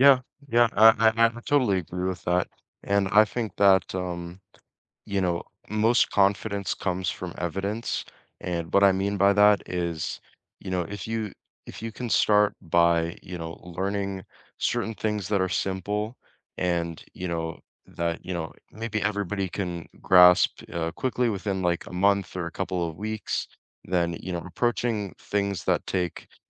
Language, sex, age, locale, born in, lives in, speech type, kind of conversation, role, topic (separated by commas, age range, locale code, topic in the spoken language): English, male, 20-24, en-CA, Canada, Canada, conversation, unstructured, none, What’s a skill that seems hard but is easier than you thought?